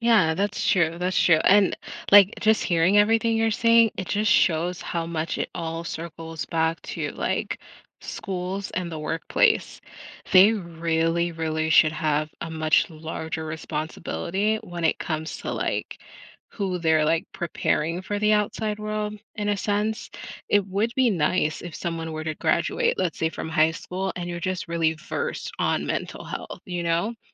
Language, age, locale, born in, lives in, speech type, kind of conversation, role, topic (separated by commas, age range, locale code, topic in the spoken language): English, 35-39, en-US, United States, United States, conversation, unstructured, none, How can we create a more open and supportive conversation about mental health?